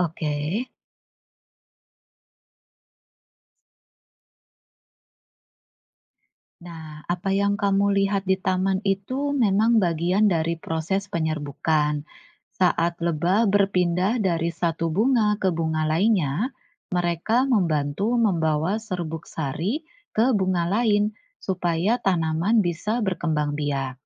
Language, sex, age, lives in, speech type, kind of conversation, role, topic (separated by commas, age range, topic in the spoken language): Indonesian, female, 40-44, Indonesia, read, expert-assistant, expert, Bagaimana proses penyerbukan pada bunga terjadi?